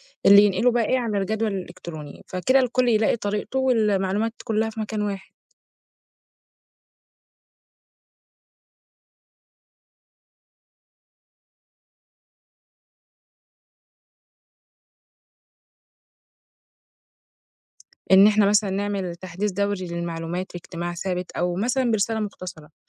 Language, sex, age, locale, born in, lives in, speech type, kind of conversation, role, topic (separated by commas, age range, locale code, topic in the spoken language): Arabic, female, 20-24, ar-EG, Egypt, Egypt, read, expert-assistant, expert, إزاي ممكن ننظم الجهود بين مجموعات مختلفة في حركة اجتماعية عشان نوصل لهدف واحد؟